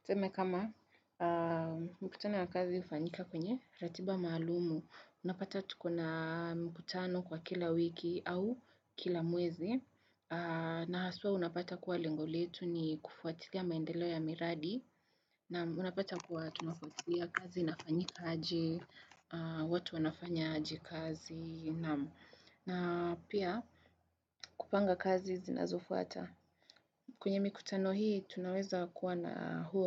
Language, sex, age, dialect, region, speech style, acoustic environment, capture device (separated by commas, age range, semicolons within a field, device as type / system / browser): Swahili, female, 18-24, Kenya - Nairobi Sheng-influenced, Kenya, nervous; focused, people talking; traffic, desktop / Windows / Chrome